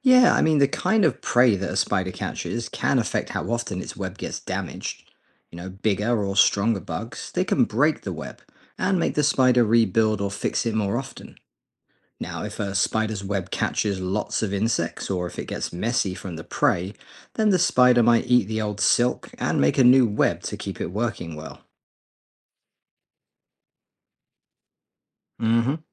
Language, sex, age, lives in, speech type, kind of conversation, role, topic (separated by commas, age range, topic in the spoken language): English, male, 40-44, United States, read, expert-assistant, expert, How does a spider spin its web?